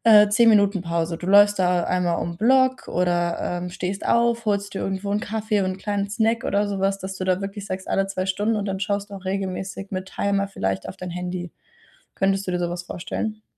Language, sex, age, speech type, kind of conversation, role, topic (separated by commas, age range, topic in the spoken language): German, female, 20-24, conversation, advice, advisor, Wie kann ich meinen Arbeits- und Pausenrhythmus im Alltag optimieren?